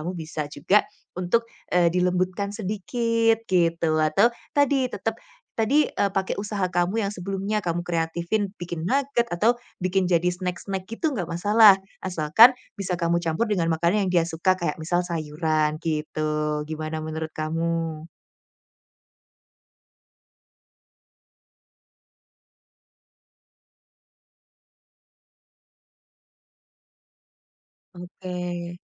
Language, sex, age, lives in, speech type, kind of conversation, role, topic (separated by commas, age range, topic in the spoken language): Indonesian, female, 25-29, Indonesia, conversation, advice, advisor, Bagaimana cara menghadapi anak atau pasangan yang pemilih makanan dan sulit menerima pilihan makanan sehat di rumah?